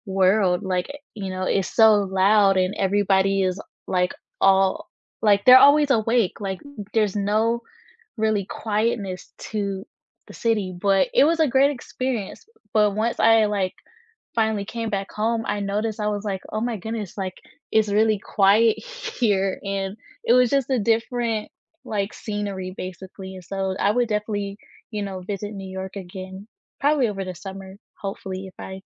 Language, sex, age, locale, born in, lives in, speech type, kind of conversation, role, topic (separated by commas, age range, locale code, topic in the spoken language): English, female, 20-24, en-US, United States, United States, conversation, unstructured, none, Which place feels like a second home to you, and what memories make it special?